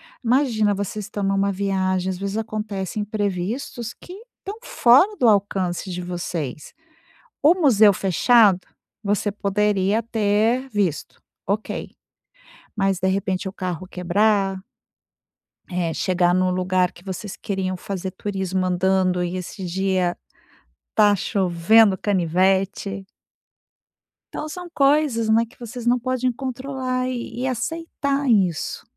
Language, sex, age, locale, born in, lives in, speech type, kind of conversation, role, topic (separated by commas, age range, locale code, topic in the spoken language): Portuguese, female, 50-54, pt-BR, Brazil, Spain, conversation, advice, advisor, Reduzir estresse em viagens